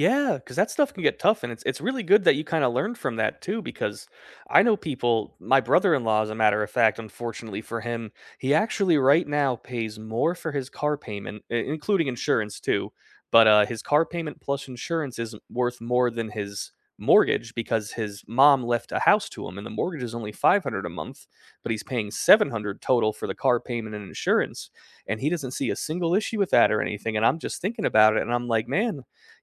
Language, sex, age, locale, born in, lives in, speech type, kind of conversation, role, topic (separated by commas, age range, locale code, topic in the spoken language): English, male, 30-34, en-US, United States, United States, conversation, unstructured, none, What are you working toward this year, and how can others support you along the way?